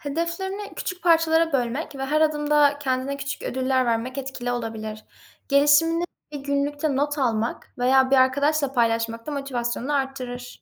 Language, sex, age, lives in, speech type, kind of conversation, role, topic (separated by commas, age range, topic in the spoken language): Turkish, female, 20-24, Netherlands, read, expert-assistant, expert, Tartışma anında duyguları ve iletişimi sağlıklı yönetmek mümkün mü?